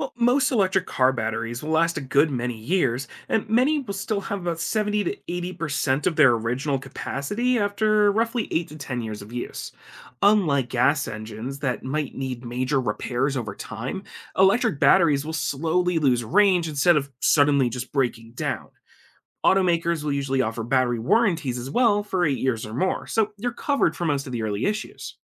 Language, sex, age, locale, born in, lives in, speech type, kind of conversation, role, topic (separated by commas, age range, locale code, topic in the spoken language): English, male, 25-29, en-US, United States, United States, read, expert-assistant, expert, How do electric cars work differently from gasoline cars?